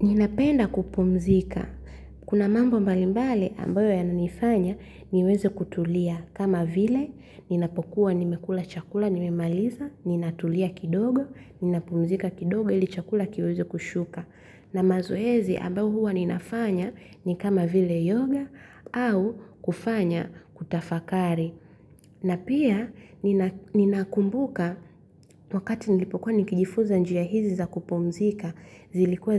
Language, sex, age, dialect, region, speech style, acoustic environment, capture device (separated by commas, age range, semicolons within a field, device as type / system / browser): Swahili, female, 25-34, Tanzania - Dar es Salaam, Tanzania, happy; relaxed; focused; excited, silence, mobile / Linux / Chrome